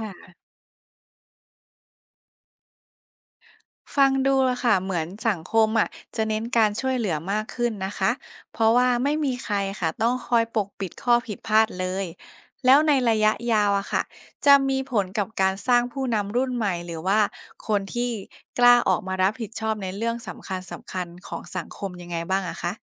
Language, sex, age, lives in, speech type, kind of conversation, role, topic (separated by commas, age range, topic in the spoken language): Thai, female, 25-29, Thailand, read, expert-assistant, asker, ถ้าทุกคนสามารถอ่านใจคนอื่นได้ตลอดเวลา พฤติกรรมและความสัมพันธ์ในสังคมจะเป็นอย่างไร?